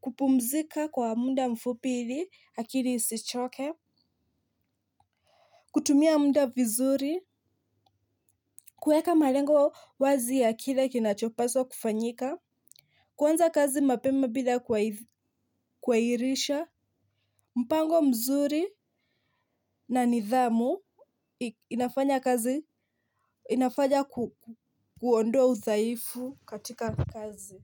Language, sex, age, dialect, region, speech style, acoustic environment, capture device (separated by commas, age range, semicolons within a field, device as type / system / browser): Swahili, female, 25-34, Kenya - Mombasa, Kenya, happy; nervous; focused, nature, mobile / Linux / Chrome